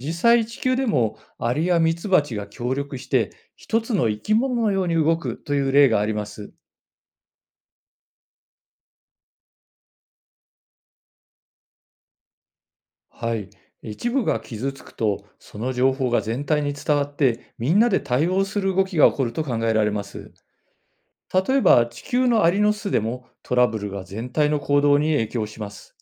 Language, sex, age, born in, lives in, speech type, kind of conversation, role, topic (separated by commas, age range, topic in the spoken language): Japanese, male, 55-59, Japan, Japan, read, expert-assistant, expert, 宇宙に生命がいるとしたら、どんな形や暮らし方が考えられると思いますか？